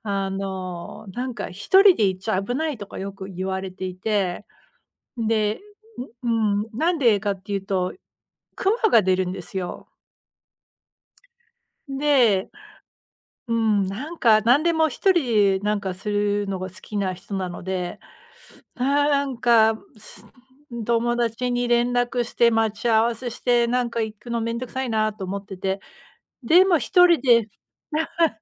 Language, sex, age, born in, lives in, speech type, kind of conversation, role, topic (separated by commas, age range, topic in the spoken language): Japanese, female, 40-44, United States, United States, conversation, unstructured, none, 自然の中で過ごす休日はどんな感じですか？